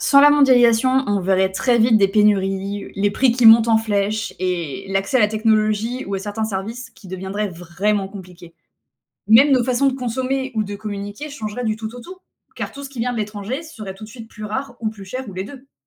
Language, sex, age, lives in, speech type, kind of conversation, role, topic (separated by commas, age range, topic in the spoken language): French, female, 25-29, France, read, expert-assistant, expert, Et si la mondialisation disparaissait du jour au lendemain, comment changerait ta vie quotidienne ?